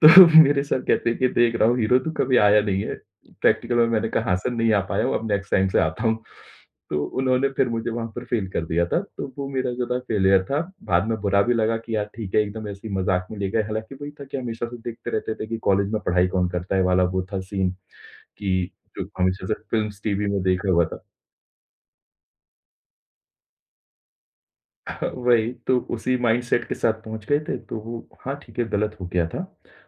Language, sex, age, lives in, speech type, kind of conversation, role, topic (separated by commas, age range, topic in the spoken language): Hindi, male, 30-34, India, conversation, podcast, guest, Aapke saath aisa kaun sa failure hua jo baad mein unexpectedly success mein badal gaya, aur yeh kaise hua?